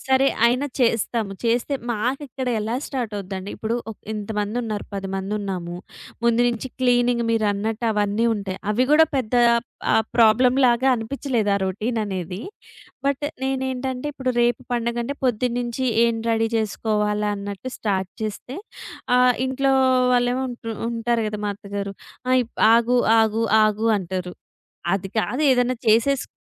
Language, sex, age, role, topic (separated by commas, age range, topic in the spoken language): Telugu, female, 30-34, guest, పండగల రోజుల్లో మీ ఇంట్లో రోజువారీ రొటీన్ ఎలా మారుతుంది?